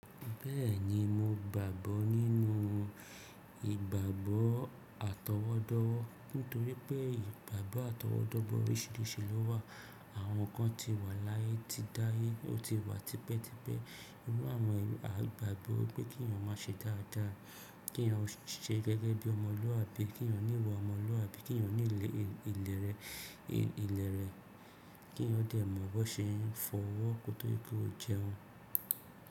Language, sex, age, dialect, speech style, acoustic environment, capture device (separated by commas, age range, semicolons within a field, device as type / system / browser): Yoruba, male, 25-34, Nigeria - Lagos Yoruba, happy; focused, people talking; construction, desktop / Windows / Chrome